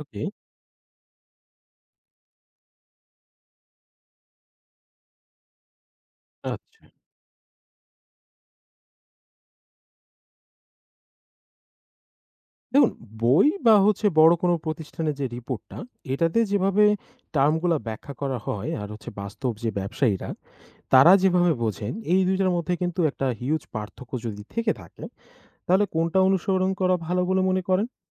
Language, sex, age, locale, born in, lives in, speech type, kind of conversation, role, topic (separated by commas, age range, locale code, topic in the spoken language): Bengali, male, 20-24, bn-BD, Bangladesh, Bangladesh, read, expert-assistant, asker, বাংলাদেশে ছোট ব্যবসার জন্য সহজে মার্কেট বিশ্লেষণ কীভাবে শেখা যায়?